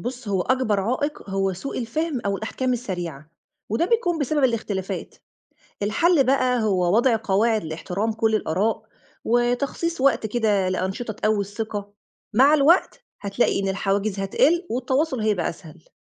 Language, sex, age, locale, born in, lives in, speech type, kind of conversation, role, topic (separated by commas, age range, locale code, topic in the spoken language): Arabic, female, 35-39, ar-EG, Egypt, Egypt, read, expert-assistant, expert, إزاي أقدر أنسق بين ناس من خلفيات اجتماعية مختلفة عشان نشتغل سوا على مشروع يقلل الفوارق الاجتماعية؟